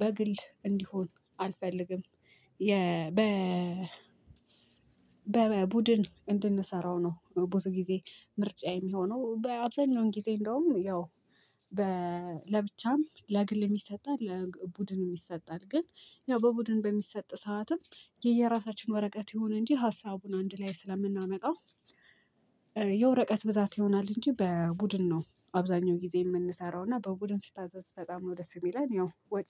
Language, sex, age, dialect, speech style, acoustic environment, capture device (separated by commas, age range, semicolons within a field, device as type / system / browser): Amharic, female, 18-24, Ethiopia - Addis Ababa, happy, people talking, mobile / Linux / Chrome